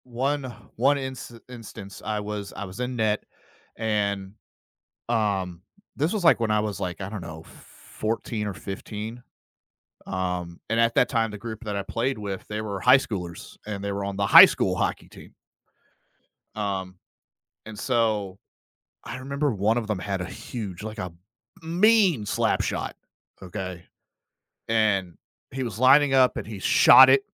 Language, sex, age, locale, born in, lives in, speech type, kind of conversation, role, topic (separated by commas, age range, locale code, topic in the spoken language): English, male, 30-34, en-US, United States, United States, conversation, podcast, guest, How do happy childhood memories continue to shape us as adults?